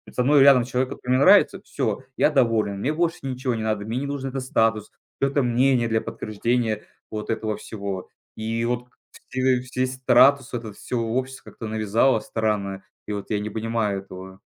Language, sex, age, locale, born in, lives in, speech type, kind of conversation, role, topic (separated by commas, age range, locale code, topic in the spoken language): Russian, male, 20-24, ru-RU, Russia, Estonia, conversation, podcast, guest, Как в вашей семье относятся к ожиданиям по поводу брака и детей?